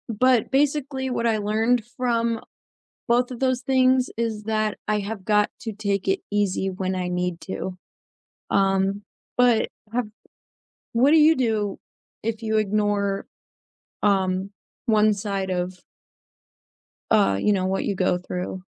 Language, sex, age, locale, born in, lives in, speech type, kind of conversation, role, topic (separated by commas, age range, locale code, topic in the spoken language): English, female, 20-24, en-US, United States, United States, conversation, unstructured, none, How do you deal with days when your body and mind disagree about what you can handle?